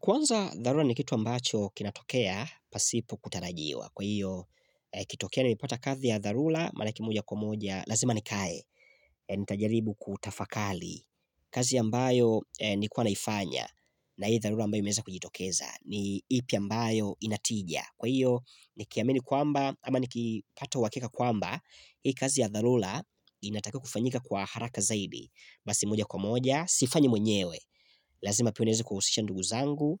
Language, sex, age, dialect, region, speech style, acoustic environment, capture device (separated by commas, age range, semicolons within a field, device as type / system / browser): Swahili, male, 25-34, Tanzania - Dar es Salaam, Tanzania, excited; focused; relaxed, silence, mobile / Linux / Chrome